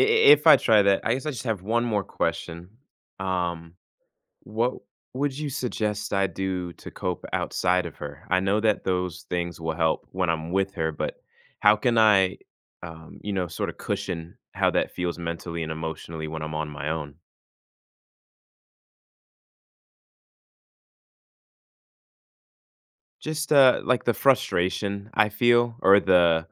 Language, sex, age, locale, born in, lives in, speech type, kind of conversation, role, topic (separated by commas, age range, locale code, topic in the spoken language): English, male, 20-24, en-US, United States, United States, conversation, advice, user, How can I stop feeling drained by emotional labor in my relationship?